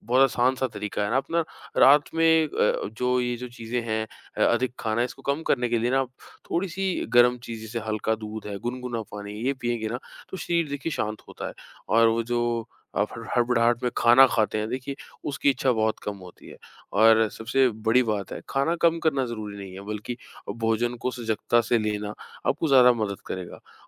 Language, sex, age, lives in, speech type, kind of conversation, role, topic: Hindi, male, 25-29, India, conversation, advice, advisor, मैं रात में ज़्यादा खा लेता/लेती हूँ और फिर अपराधबोध महसूस करता/करती हूँ—मैं इससे कैसे निपटूँ?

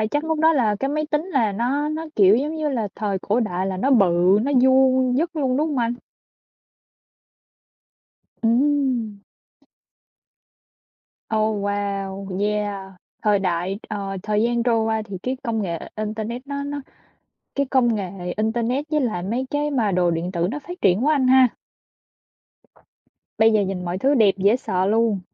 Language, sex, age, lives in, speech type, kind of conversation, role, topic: Vietnamese, female, 25-29, United States, conversation, unstructured, none, Bạn muốn sống mà không có điện thoại thông minh hay không có internet hơn?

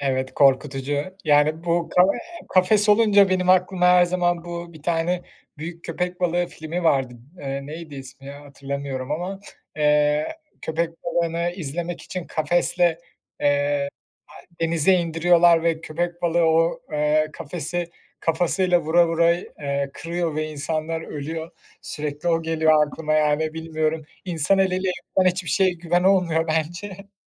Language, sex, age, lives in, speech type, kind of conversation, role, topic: Turkish, male, 30-34, Germany, conversation, unstructured, none, Doğada en çok görmek istediğin hayvan hangisi?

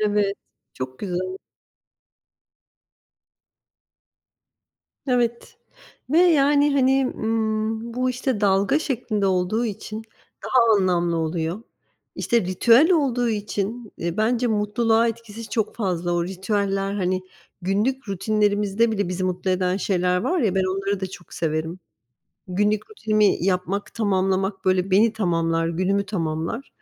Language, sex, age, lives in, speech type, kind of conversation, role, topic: Turkish, female, 40-44, United States, conversation, unstructured, none, En son yaşadığın küçük mutluluk neydi?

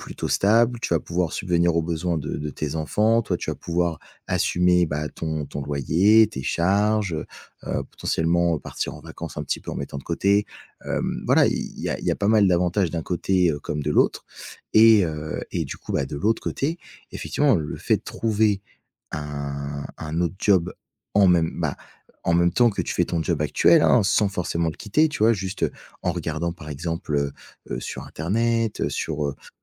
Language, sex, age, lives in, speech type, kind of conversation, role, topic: French, male, 20-24, France, conversation, advice, advisor, Qu’est-ce qui vous fait le plus hésiter à changer de carrière ?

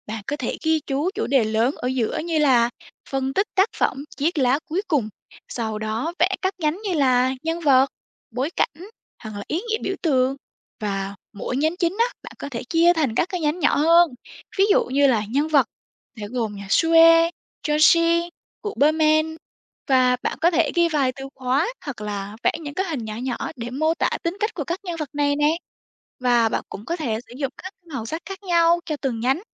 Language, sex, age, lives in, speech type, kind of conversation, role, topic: Vietnamese, female, 18-19, Vietnam, read, expert-assistant, expert, Làm thế nào để xây dựng mục tiêu học tập rõ ràng?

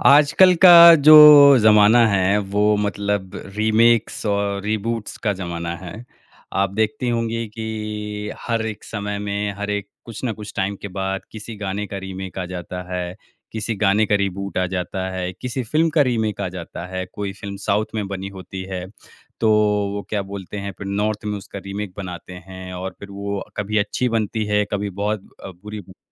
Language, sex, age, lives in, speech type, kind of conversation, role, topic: Hindi, male, 30-34, India, conversation, podcast, host, Kya tumhe purani films ya serials ke dobara banaye gaye versions pasand aate hain, aur kyun?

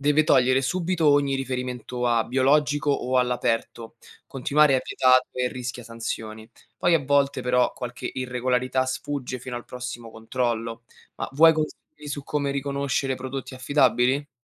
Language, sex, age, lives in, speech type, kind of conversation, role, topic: Italian, male, 25-29, Italy, read, expert-assistant, expert, Cosa spinge a preferire l’allevamento all’aperto rispetto a quello al chiuso?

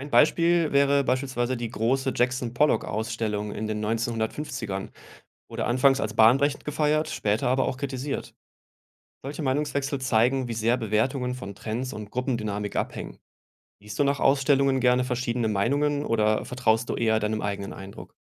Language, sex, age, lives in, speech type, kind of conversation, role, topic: German, male, 35-39, Germany, read, expert-assistant, expert, Wie erkennt man, ob eine begeisterte Kunstkritik wirklich fundiert ist oder nur Werbung für den Künstler?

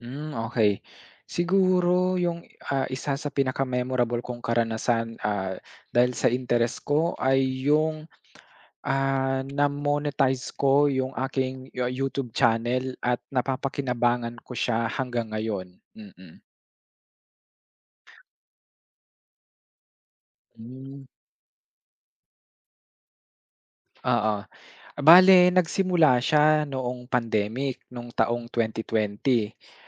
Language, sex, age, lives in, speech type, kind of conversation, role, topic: Filipino, male, 25-29, Philippines, conversation, podcast, guest, Ano ang pinakatumatak na karanasan mo na may kinalaman sa iyong interes?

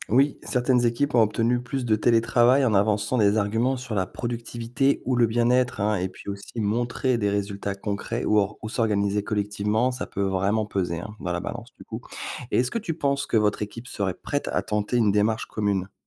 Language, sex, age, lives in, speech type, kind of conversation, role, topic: French, male, 40-44, France, read, expert-assistant, expert, Qu’est-ce qui aide à comprendre pourquoi les gens décident de rejoindre une cause ou une manifestation ?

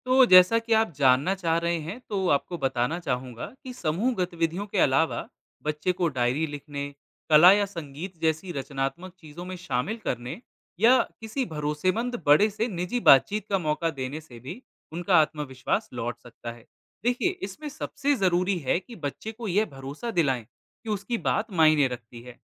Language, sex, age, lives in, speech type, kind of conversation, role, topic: Hindi, male, 25-29, India, read, expert-assistant, expert, क्या आप भारतीय समाज में जाति व्यवस्था को समझाने के लिए कोई सटीक मिसाल या उदाहरण दे सकते हैं?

